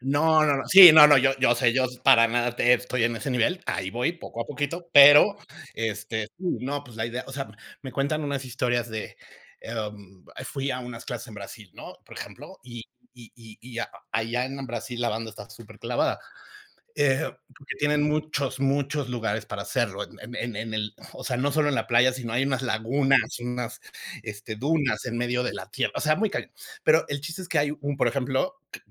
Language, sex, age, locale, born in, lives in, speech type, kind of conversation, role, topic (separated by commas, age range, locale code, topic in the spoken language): Spanish, male, 45-49, es-MX, Mexico, Mexico, conversation, unstructured, none, ¿Cuál es tu actividad física favorita y por qué?